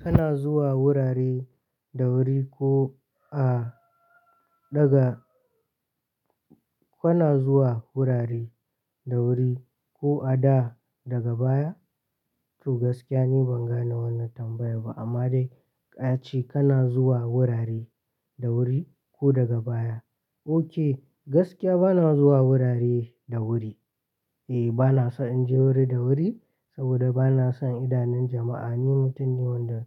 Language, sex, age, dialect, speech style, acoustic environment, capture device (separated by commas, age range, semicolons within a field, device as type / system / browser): Hausa, male, 18-24, Nigeria - Katsina, focused, silence, mobile / Linux / Chrome